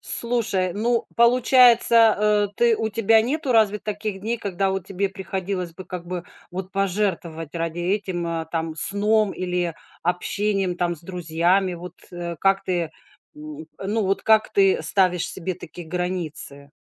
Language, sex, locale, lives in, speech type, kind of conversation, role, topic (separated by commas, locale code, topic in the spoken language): Russian, female, ru-RU, United States, conversation, podcast, host, Как ты совмещаешь хобби с работой или учёбой?